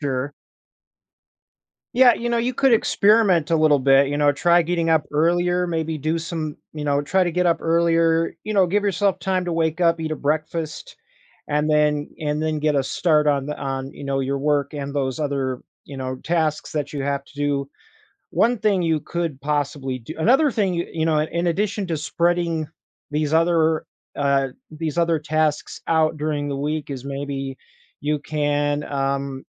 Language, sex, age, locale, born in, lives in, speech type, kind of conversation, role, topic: English, male, 30-34, en-US, United States, United States, conversation, advice, advisor, How can I break out of a repetitive daily routine?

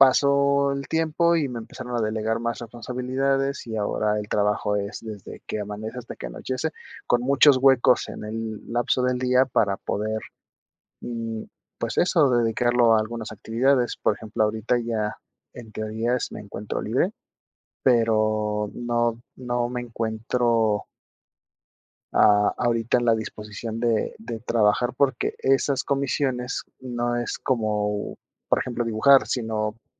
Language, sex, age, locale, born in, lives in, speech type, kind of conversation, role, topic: Spanish, male, 30-34, es-MX, Mexico, Mexico, conversation, advice, user, ¿Cómo sueles procrastinar antes de empezar tareas importantes?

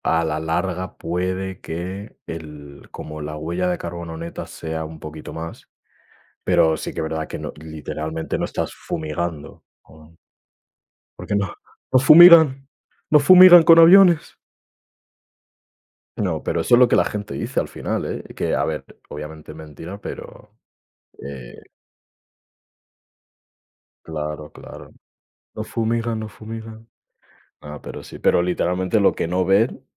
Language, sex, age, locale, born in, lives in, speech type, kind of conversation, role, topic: Spanish, male, 20-24, es-ES, Spain, Spain, conversation, unstructured, none, ¿Qué opinas sobre viajar en avión en comparación con viajar en tren?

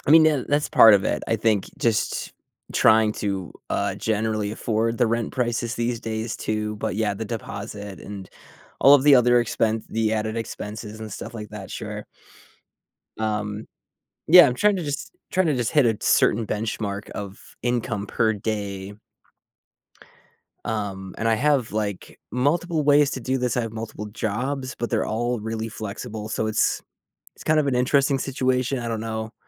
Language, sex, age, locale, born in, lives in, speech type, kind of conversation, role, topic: English, male, 30-34, en-US, United States, United States, conversation, advice, user, How can I balance long work hours with my personal life?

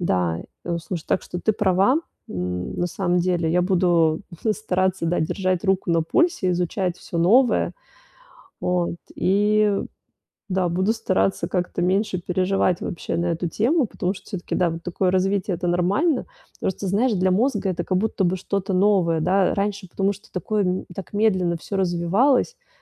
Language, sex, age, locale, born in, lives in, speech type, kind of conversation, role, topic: Russian, female, 35-39, ru-RU, Russia, Italy, conversation, advice, user, Как мне укрепить устойчивость в условиях неопределённости и перемен?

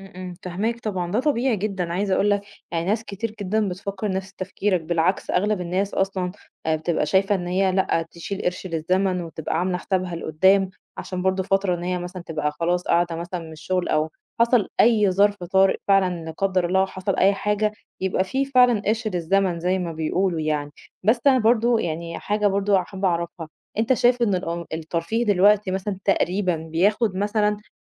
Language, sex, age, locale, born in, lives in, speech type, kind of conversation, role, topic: Arabic, female, 30-34, ar-EG, Egypt, Egypt, conversation, advice, advisor, إزاي أوازن بين إنفاقي على الترفيه وبين إني أقدر أوفّر من غير ما أحس بالذنب؟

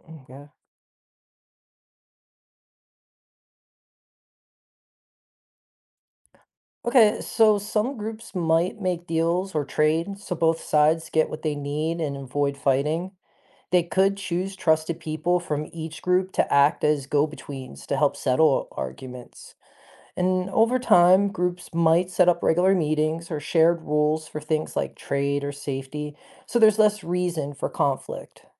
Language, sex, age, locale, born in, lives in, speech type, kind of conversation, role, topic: English, male, 40-44, en-US, United States, United States, read, expert-assistant, expert, How would society evolve if there were no laws?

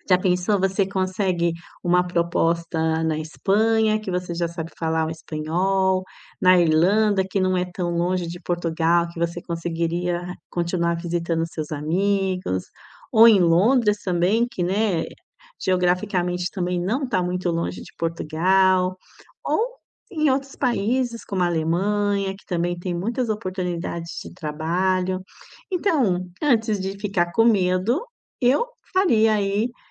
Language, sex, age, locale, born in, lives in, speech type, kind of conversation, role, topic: Portuguese, female, 45-49, pt-BR, Brazil, Italy, conversation, advice, advisor, Como posso superar o receio de tomar grandes decisões por medo de errar?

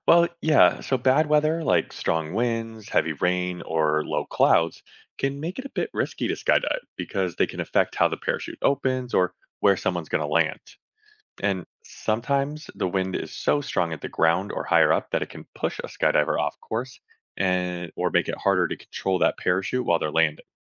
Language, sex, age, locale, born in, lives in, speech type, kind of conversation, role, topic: English, male, 35-39, en-US, United States, United States, read, expert-assistant, expert, How does a parachute slow someone down?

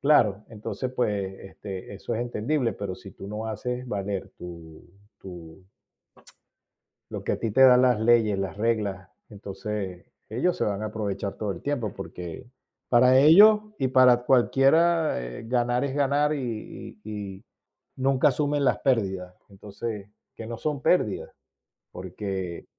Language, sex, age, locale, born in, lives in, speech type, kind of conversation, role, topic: Spanish, male, 55-59, es-VE, Venezuela, Spain, conversation, unstructured, none, ¿Cómo te prepararías para una negociación importante?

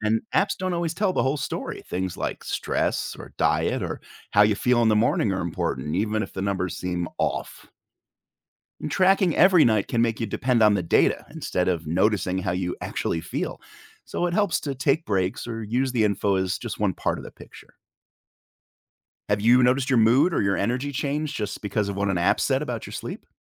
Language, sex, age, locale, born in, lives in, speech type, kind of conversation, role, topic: English, male, 45-49, en-US, United States, United States, read, expert-assistant, expert, How can sleep tracking technology improve sleep quality?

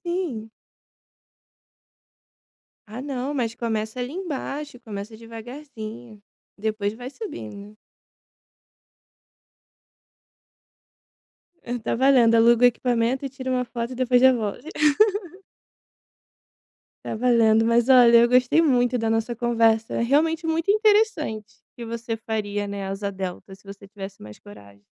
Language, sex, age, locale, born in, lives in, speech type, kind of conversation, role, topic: Portuguese, female, 25-29, pt-BR, Brazil, Italy, conversation, podcast, host, O que você faria hoje se tivesse mais coragem?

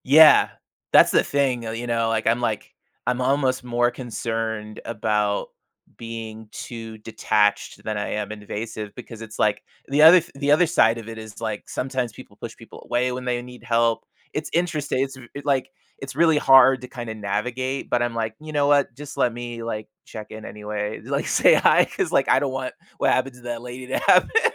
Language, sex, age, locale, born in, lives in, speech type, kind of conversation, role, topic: English, male, 35-39, en-US, United States, United States, conversation, podcast, guest, How do small acts of kindness strengthen our sense of community?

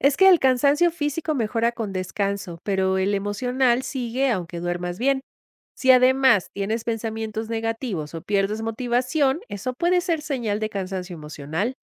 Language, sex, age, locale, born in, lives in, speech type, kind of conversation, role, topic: Spanish, female, 50-54, es-MX, Mexico, Mexico, read, expert-assistant, expert, ¿Qué es más importante atender primero: el estrés, la tristeza o la falta de energía?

